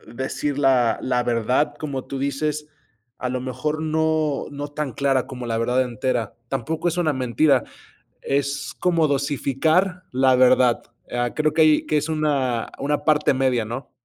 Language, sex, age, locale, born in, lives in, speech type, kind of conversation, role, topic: Spanish, male, 25-29, es-MX, Mexico, United States, conversation, unstructured, none, ¿Crees que a veces está bien mentir?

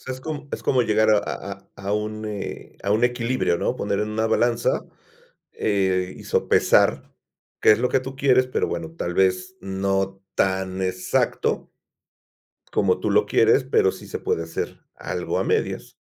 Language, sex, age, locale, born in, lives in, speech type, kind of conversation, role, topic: Spanish, male, 50-54, es-MX, Mexico, Mexico, conversation, podcast, host, ¿Cómo decides si es mejor seguir en una relación o terminarla?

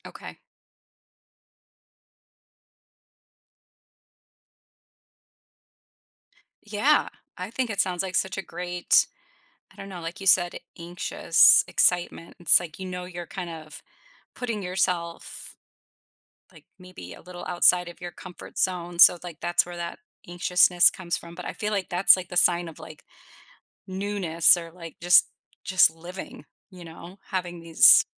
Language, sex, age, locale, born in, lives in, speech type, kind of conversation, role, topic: English, female, 45-49, en-US, United States, United States, conversation, advice, advisor, How can I make a strong first impression at my new job?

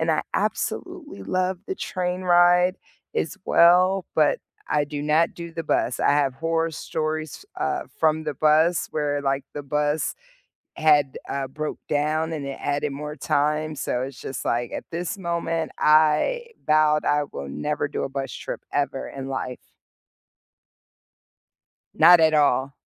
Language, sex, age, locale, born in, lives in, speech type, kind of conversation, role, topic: English, female, 40-44, en-US, United States, United States, conversation, unstructured, none, Which way do you love getting around—train, bus, or plane—and what memories make it your favorite?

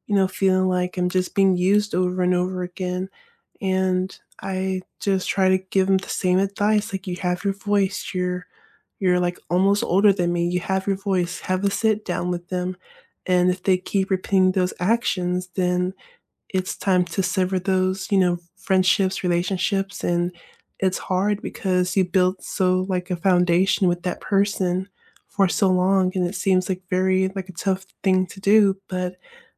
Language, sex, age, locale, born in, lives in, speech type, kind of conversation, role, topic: English, female, 25-29, en-US, United States, United States, conversation, unstructured, none, How can you repair a relationship after a conflict with apologies that truly heal the connection?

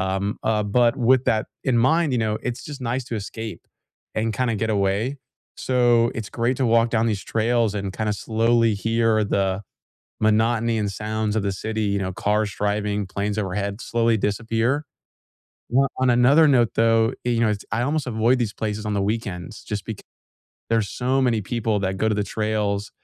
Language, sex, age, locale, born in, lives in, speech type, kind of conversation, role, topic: English, male, 20-24, en-US, United States, United States, conversation, unstructured, none, Which nature spots help you recharge your mind and mood the most?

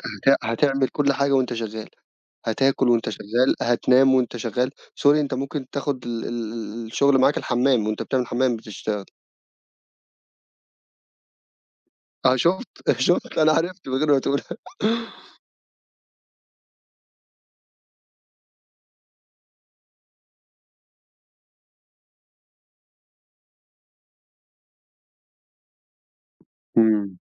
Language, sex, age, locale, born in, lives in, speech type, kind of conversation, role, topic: Arabic, male, 25-29, ar-EG, Egypt, Egypt, conversation, podcast, host, إزاي بتحط حدود واضحة بين الشغل وحياتك الشخصية؟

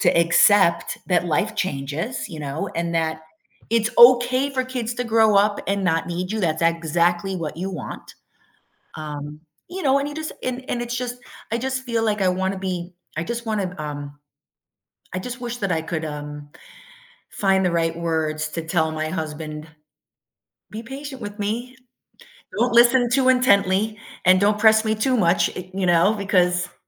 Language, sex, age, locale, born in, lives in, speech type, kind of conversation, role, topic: English, female, 50-54, en-US, United States, United States, conversation, advice, user, How can I apologize and rebuild trust after saying something hurtful to my partner?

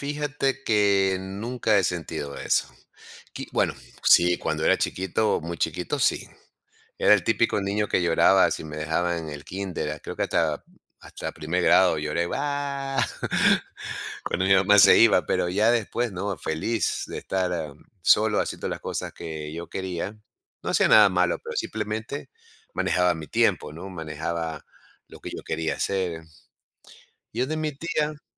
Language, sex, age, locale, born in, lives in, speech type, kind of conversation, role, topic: Spanish, male, 55-59, es-EC, Ecuador, United States, conversation, podcast, guest, ¿Recuerdas la primera vez que estuviste lejos de casa?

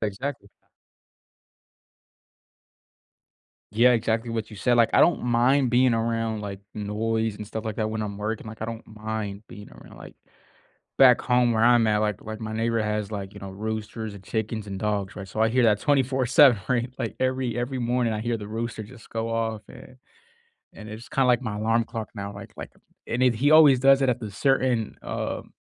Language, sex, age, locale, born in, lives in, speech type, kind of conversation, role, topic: English, male, 20-24, en-US, United States, United States, conversation, unstructured, none, What nearby place do you go to for a quick escape, and what memories or routines make it special to you?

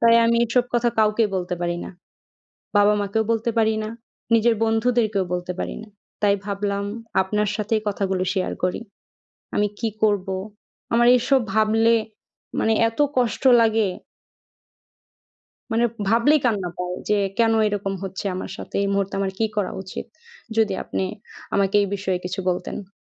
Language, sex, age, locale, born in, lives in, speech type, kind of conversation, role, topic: Bengali, female, 20-24, bn-BD, Bangladesh, Bangladesh, conversation, advice, user, চাপের মুহূর্তে আমি কীভাবে শান্ত থাকতে পারি এবং রাগ নিয়ন্ত্রণ করতে পারি?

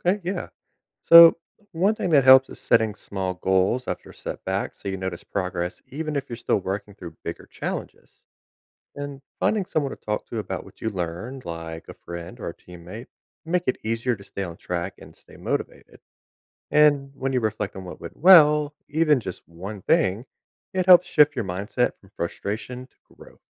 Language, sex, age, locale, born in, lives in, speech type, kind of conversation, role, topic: English, male, 30-34, en-US, United States, United States, read, expert-assistant, expert, Why is it important to learn from failure?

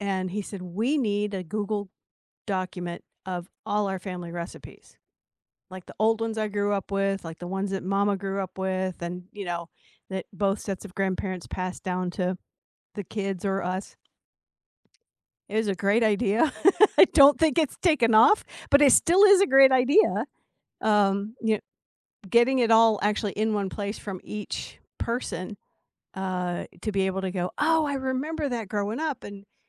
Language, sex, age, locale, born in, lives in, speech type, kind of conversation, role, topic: English, female, 60-64, en-US, United States, United States, conversation, unstructured, none, How do you feel when a family recipe is lost over time?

